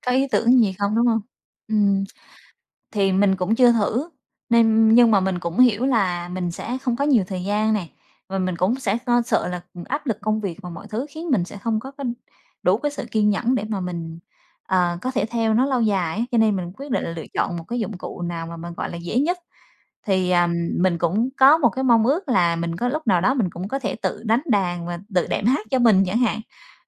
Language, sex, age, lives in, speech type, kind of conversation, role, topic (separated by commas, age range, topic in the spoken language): Vietnamese, female, 35-39, Vietnam, conversation, podcast, guest, Bạn bắt đầu hành trình học tập suốt đời như thế nào?